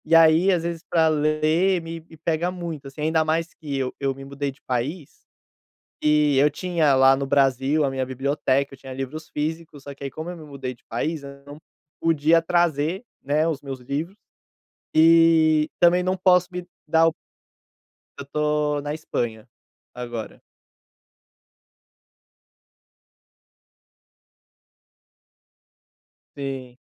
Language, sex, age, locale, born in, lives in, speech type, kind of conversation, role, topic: Portuguese, male, 25-29, pt-BR, Brazil, Portugal, conversation, advice, user, O que posso fazer para lidar com a fadiga mental e a baixa concentração no trabalho?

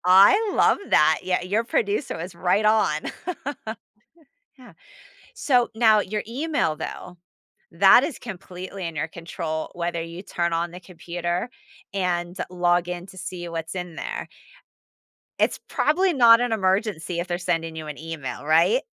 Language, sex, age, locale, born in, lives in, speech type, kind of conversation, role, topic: English, female, 45-49, en-US, United States, United States, conversation, advice, advisor, How can I create a healthier balance between my work and personal life?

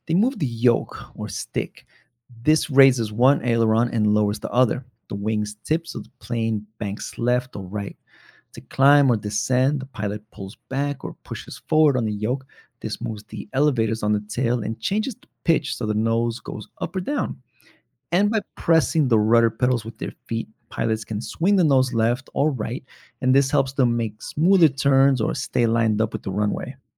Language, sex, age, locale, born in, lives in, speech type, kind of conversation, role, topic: English, male, 35-39, en-US, United States, United States, read, expert-assistant, expert, How do airplanes stay in the air during flight?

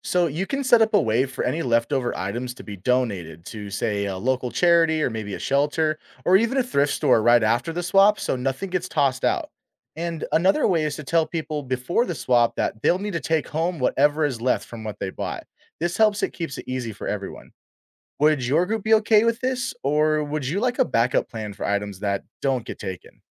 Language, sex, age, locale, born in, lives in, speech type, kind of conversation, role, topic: English, male, 25-29, en-US, United States, United States, read, expert-assistant, expert, How can a community swap meet be organized?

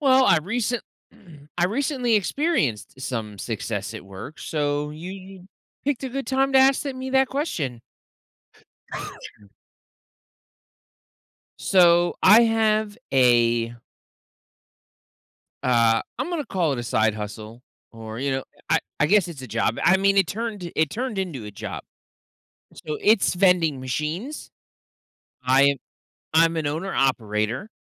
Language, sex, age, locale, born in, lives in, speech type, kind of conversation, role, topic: English, male, 30-34, en-US, United States, United States, conversation, podcast, guest, How do your values and experiences shape your idea of success at work?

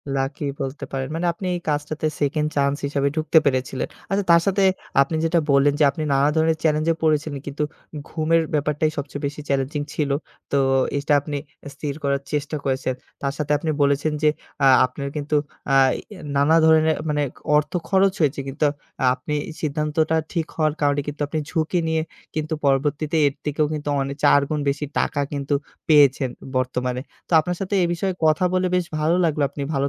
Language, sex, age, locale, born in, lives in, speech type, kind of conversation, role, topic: Bengali, male, 25-29, bn-BD, Bangladesh, Bangladesh, conversation, podcast, host, কোন সিদ্ধান্ত তোমার ভবিষ্যত ঘুরিয়ে দিয়েছে?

